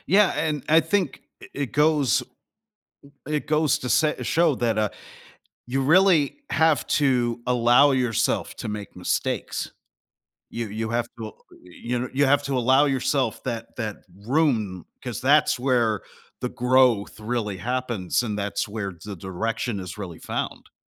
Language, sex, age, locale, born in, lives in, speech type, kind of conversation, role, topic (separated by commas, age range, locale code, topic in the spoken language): English, male, 45-49, en-US, United States, United States, conversation, podcast, host, How can people find direction when they feel uncertain about their future?